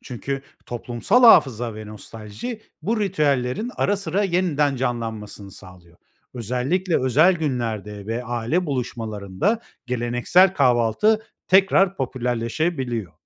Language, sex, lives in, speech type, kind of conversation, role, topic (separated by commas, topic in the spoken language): Turkish, male, Spain, read, expert-assistant, expert, Neden farklı kültürlerde kahvaltı alışkanlıkları bu kadar farklı gelişti?